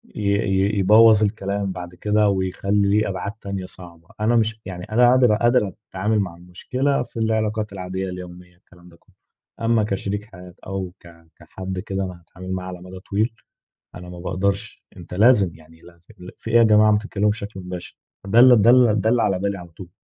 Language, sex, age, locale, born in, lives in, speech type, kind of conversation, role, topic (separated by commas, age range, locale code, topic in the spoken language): Arabic, male, 20-24, ar-EG, Egypt, Egypt, conversation, advice, user, إزاي أفتح حوار صريح مع صاحبي عشان نتفادى سوء الفهم ومنجرحش مشاعر بعض؟